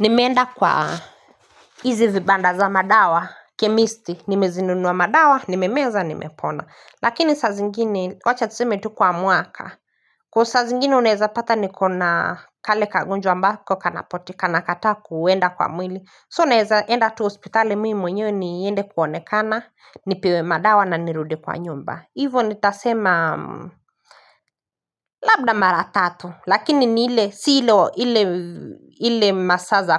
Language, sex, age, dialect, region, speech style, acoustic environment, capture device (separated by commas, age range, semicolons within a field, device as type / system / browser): Swahili, female, 25-34, Kenya - Nairobi Sheng-influenced, Kenya, focused; happy, nature, mobile / Linux / Chrome